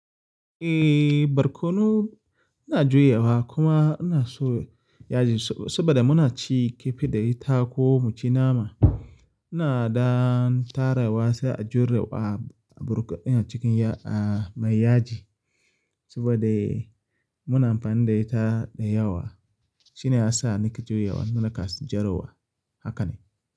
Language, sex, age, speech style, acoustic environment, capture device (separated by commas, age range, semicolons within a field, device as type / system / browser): Hausa, male, 25-34, relaxed; focused, people talking; silence; appliances, mobile / Linux / Chrome